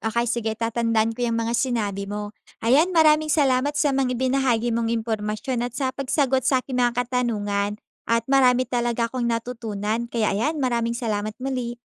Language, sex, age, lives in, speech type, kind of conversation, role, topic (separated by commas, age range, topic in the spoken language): Filipino, female, 20-24, Philippines, read, expert-assistant, asker, Anong magagawa ko kapag hindi kami magkaintindihan ng kausap ko dahil magkaiba ang unang wika namin?